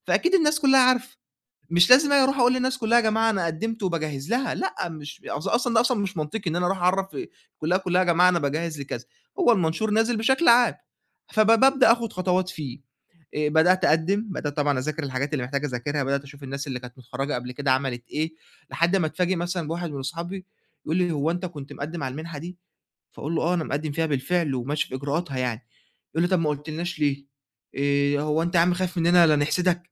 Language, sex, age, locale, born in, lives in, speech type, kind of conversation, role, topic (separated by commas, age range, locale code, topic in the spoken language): Arabic, male, 20-24, ar-EG, Egypt, Egypt, conversation, advice, user, إزاي أتعامل مع قلقي من حكم الناس ونقدهم لما أشارك شغلي اللي لسه بيتعمل؟